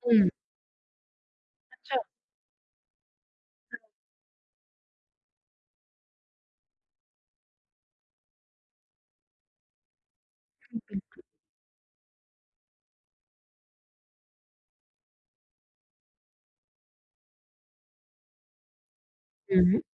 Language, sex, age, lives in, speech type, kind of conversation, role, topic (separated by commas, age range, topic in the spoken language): Hindi, female, 25-29, India, conversation, advice, user, मैं रोज़ एक ही समय पर सोने की आदत कैसे बना सकता/सकती हूँ?